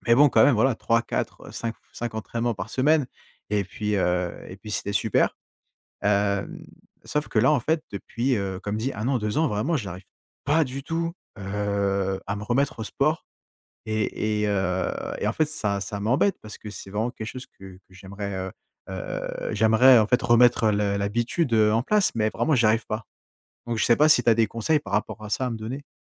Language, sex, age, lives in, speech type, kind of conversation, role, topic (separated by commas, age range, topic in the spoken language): French, male, 20-24, France, conversation, advice, user, Comment puis-je reprendre une habitude saine après une longue pause ?